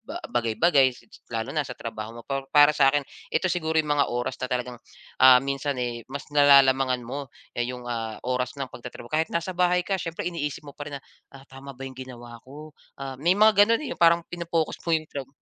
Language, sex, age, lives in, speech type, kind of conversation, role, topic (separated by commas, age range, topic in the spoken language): Filipino, male, 35-39, Philippines, conversation, podcast, guest, Paano mo binabalanse ang oras mo sa trabaho at sa pamilya?